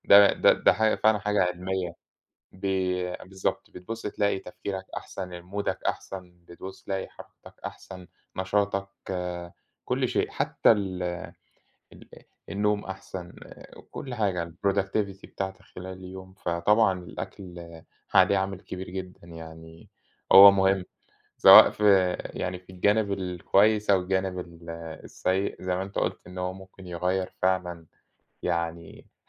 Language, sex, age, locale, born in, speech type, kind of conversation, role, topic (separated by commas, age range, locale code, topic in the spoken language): Arabic, male, 30-34, ar-EG, Egypt, conversation, unstructured, none, هل إنت شايف إن الأكل بيجمع الناس مع بعض؟